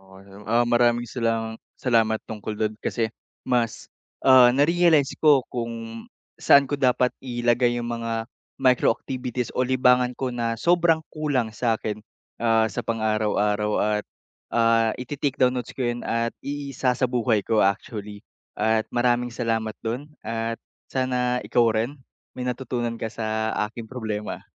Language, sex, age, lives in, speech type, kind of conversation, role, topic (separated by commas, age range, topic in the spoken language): Filipino, male, 45-49, Philippines, conversation, advice, user, Paano ako makakahanap ng oras para maglibang sa gitna ng aking pagiging abala?